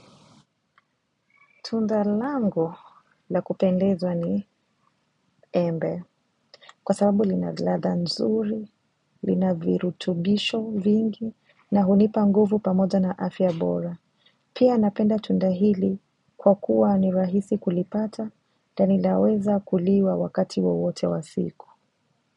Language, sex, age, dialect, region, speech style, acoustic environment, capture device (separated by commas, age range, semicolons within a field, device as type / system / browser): Swahili, female, 25-34, Kenya - Mombasa, Kenya, relaxed, silence, mobile / macOS / Safari